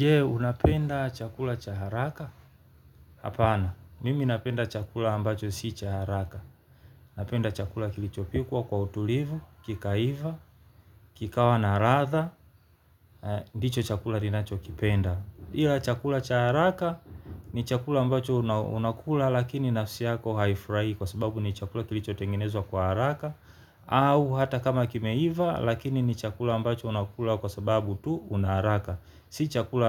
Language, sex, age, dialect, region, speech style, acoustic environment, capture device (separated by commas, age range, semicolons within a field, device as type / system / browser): Swahili, male, 35-44, Tanzania - Dar es Salaam, Tanzania, happy; relaxed; focused, nature, mobile / Linux / Chrome